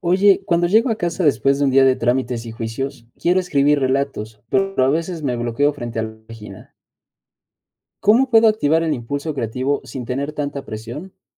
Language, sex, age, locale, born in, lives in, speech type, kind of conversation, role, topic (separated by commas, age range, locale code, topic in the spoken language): Spanish, male, 20-24, es-MX, Mexico, Mexico, read, expert-assistant, asker, ¿Cómo puedo superar el bloqueo creativo al escribir y aprovechar ideas para iniciar relatos?